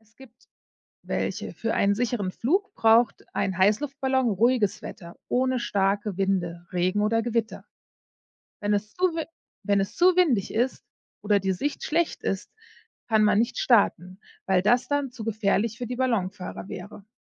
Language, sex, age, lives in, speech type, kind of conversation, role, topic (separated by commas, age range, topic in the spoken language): German, female, 40-44, United States, read, expert-assistant, expert, Wie funktioniert ein Heißluftballon?